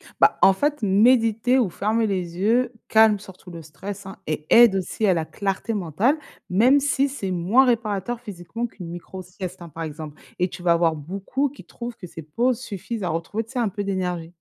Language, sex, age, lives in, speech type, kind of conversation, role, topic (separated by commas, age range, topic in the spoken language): French, female, 35-39, France, read, expert-assistant, expert, Quand on veut avoir plus d’énergie au quotidien, est-il préférable de faire une sieste ou d’aller marcher dehors ? Qu’est-ce que ça change pour notre corps ?